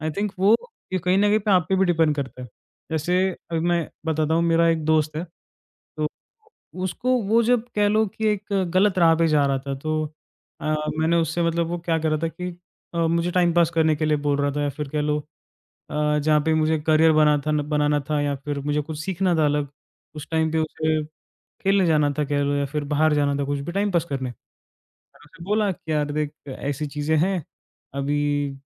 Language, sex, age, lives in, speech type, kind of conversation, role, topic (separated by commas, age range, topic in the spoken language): Hindi, male, 20-24, India, conversation, podcast, guest, Apology dene ka tumhara tareeka trust par kaise asar daalta hai?